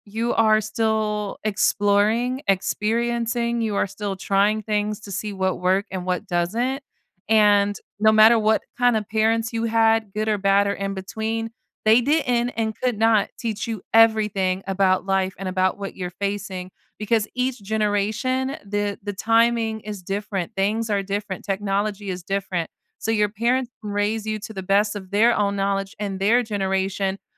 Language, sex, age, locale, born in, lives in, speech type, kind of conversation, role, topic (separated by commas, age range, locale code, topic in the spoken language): English, female, 30-34, en-US, United States, United States, conversation, advice, advisor, How can I reduce financial stress quickly?